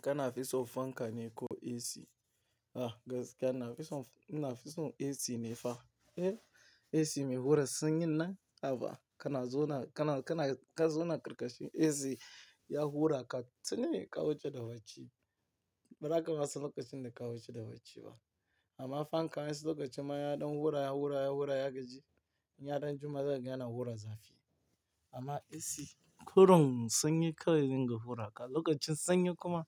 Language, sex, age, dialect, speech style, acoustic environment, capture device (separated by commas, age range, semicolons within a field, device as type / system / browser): Hausa, male, 18-24, Nigeria - Lagos Yoruba, relaxed; tired, nature, mobile / Linux / Chrome